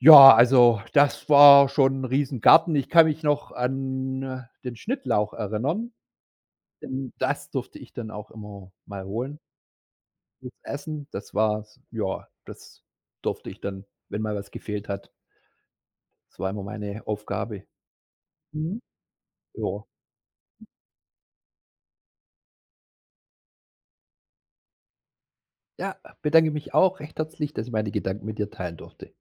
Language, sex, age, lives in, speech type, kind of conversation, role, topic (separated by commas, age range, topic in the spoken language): German, male, 45-49, Germany, conversation, podcast, guest, Welche Erinnerung verbindest du mit dem Sonntagsessen?